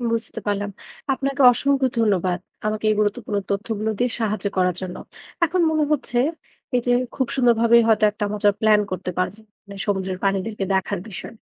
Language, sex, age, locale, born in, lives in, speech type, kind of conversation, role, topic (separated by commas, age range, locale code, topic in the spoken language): Bengali, female, 20-24, bn-BD, Bangladesh, Bangladesh, read, expert-assistant, asker, বন্ধুদের নিয়ে সমুদ্রের প্রাণী পর্যবেক্ষণের একটা স্মার্ট প্ল্যান কীভাবে বানানো যায়?